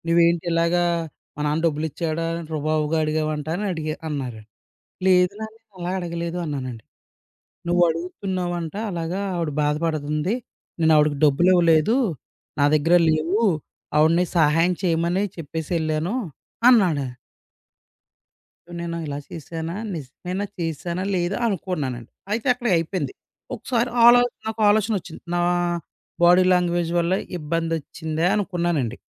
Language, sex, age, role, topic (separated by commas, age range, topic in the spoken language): Telugu, male, 30-34, guest, శరీర భాష వల్ల తప్పుగా అర్థమై ఇబ్బంది పడిన అనుభవాన్ని చెప్పగలరా?